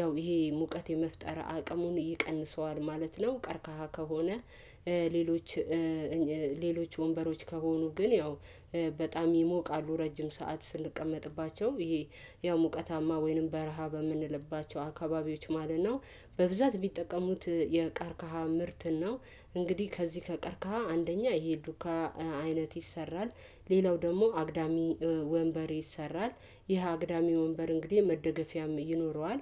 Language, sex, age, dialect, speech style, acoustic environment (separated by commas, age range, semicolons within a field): Amharic, female, 25-34, Ethiopia - Addis Ababa, happy, silence